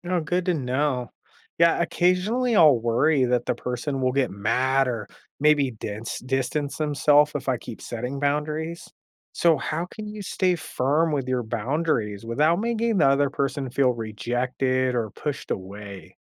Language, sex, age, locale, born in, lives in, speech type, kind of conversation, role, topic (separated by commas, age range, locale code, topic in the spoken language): English, male, 35-39, en-US, United States, United States, read, expert-assistant, asker, How can you set healthy boundaries with your friends and family?